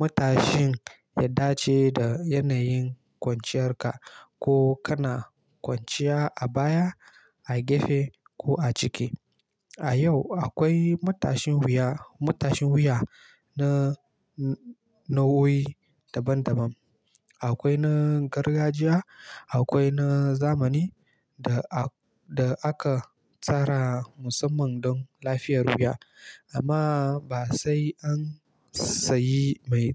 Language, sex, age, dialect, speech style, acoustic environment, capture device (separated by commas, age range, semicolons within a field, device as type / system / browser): Hausa, male, 18-24, Nigeria - Kano, relaxed, nature; silence, mobile / Linux / Chrome